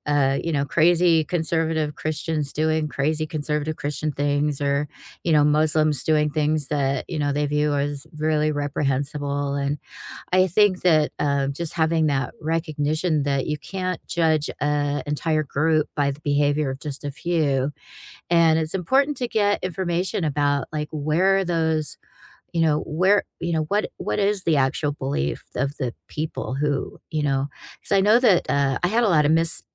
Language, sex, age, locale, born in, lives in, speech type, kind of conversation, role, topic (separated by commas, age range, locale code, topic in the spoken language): English, female, 55-59, en-US, United States, United States, conversation, unstructured, none, What is something you learned from another culture that changed your perspective?